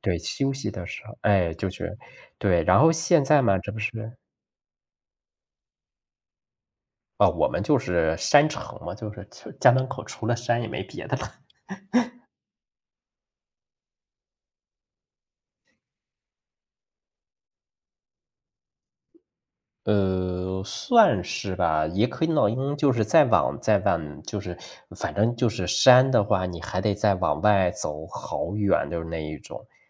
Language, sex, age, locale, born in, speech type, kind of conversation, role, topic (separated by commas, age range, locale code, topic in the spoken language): Chinese, male, 35-39, zh-CN, China, conversation, unstructured, none, 你最喜欢和家人一起旅行的经历是什么？